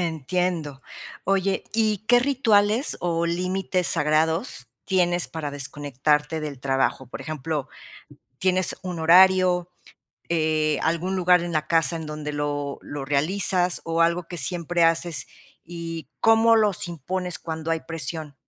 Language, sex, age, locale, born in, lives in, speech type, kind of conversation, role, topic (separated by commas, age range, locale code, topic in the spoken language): Spanish, female, 50-54, es-MX, Mexico, Mexico, conversation, podcast, host, ¿Cómo equilibras el trabajo y tu vida personal?